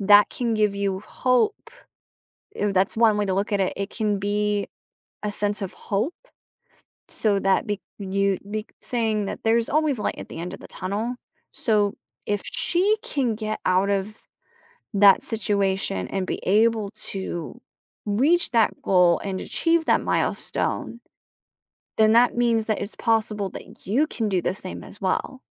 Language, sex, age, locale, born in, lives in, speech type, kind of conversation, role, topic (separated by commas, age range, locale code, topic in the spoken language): English, female, 25-29, en-US, United States, United States, conversation, advice, advisor, How can I cope with jealousy?